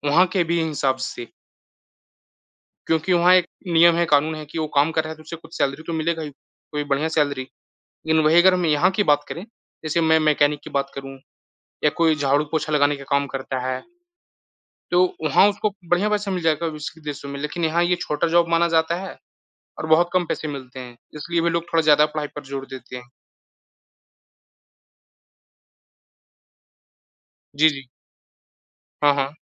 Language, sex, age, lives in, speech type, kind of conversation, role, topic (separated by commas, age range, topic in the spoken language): Hindi, male, 20-24, India, conversation, unstructured, none, क्या वर्तमान परीक्षा प्रणाली छात्रों का सही और निष्पक्ष मूल्यांकन करती है?